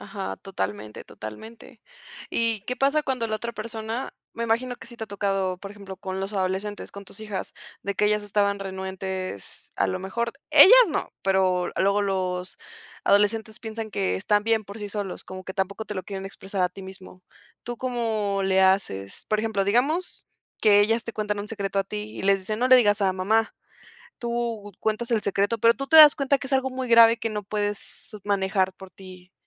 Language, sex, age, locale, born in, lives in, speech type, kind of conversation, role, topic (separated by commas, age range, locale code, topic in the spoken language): Spanish, female, 20-24, es-MX, Mexico, Mexico, conversation, podcast, host, ¿Cómo manejas las conversaciones difíciles con tu familia?